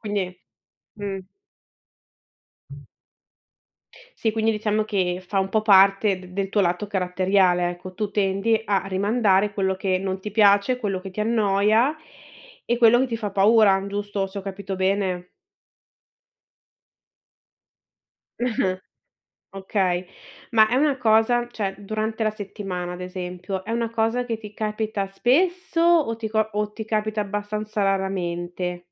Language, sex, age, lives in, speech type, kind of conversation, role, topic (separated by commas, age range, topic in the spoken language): Italian, female, 30-34, Italy, conversation, advice, advisor, Come posso smettere di procrastinare cronicamente sui compiti chiave per raggiungere il mio obiettivo?